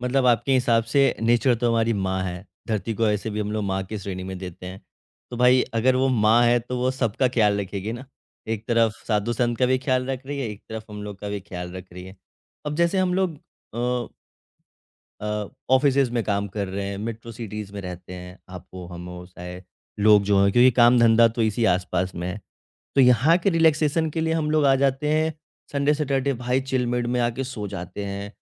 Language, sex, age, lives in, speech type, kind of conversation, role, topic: Hindi, male, 25-29, India, conversation, podcast, host, Nature ke beech aap ek sukoon bhare pal ko kaise bayan karenge?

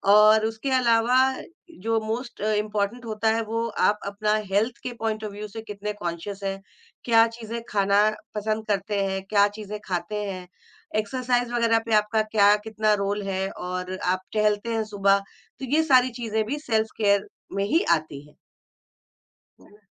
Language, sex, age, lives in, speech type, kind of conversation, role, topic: Hindi, female, 45-49, India, conversation, podcast, guest, Self-care ko priority kaise banaya jaa sakta hai, tum kya recommend karoge?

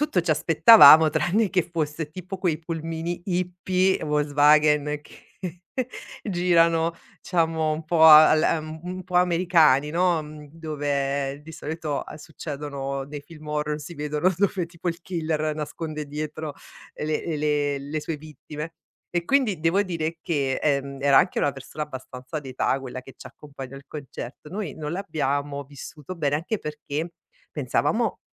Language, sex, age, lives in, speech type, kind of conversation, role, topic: Italian, female, 45-49, Italy, conversation, podcast, guest, Mi racconti del tuo primo concerto dal vivo?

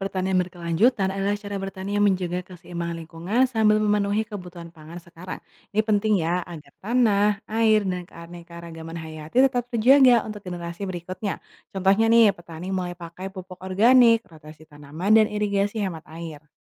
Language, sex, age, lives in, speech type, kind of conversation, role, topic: Indonesian, female, 25-29, Indonesia, read, expert-assistant, expert, Apa sebenarnya yang dimaksud dengan 'pertanian berkelanjutan', dan mengapa konsep ini penting bagi masa depan pangan?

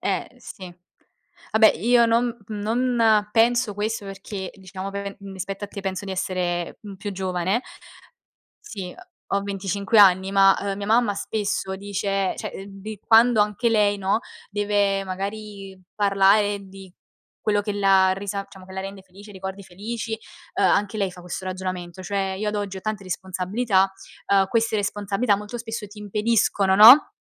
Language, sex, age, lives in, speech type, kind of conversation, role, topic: Italian, female, 20-24, Italy, conversation, unstructured, none, Quali ricordi felici ti aiutano a sentirti meglio nei momenti difficili?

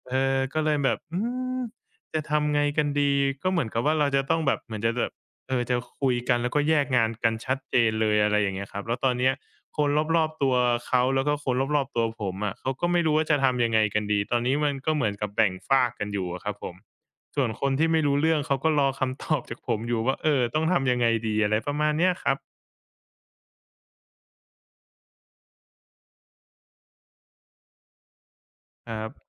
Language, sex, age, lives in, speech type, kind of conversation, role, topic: Thai, male, 25-29, Thailand, conversation, advice, user, ต้องทำอย่างไรเมื่อเพื่อนสองคนมีปัญหากันและคุณถูกกดดันให้เลือกข้าง?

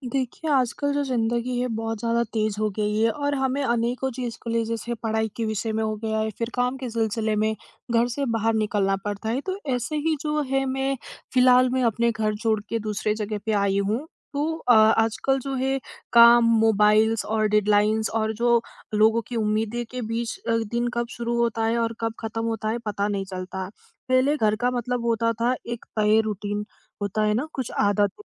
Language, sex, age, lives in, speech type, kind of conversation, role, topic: Hindi, female, 20-24, India, conversation, advice, user, मैं जहाँ भी रहूँ, वहाँ घर जैसा रूटीन और अपनी रोज़मर्रा की आदतें कैसे बना सकता हूँ?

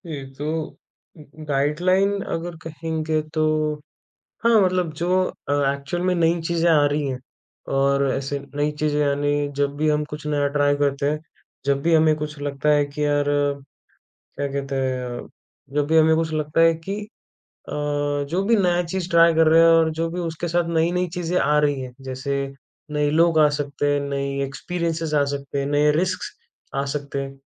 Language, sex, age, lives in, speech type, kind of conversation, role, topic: Hindi, male, 20-24, India, conversation, podcast, guest, Kis gaane ya album ne tumhe ek nayi disha dikhayi?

